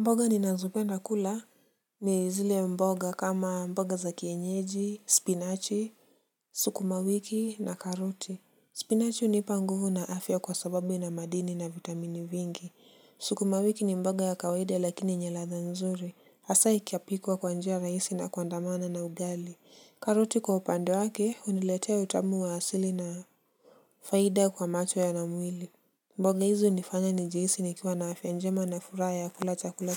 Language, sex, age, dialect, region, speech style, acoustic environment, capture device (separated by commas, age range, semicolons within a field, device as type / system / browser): Swahili, male, 18-24, Kenya - Nairobi Sheng-influenced, Kenya, relaxed, silence; nature, mobile / Linux / Chrome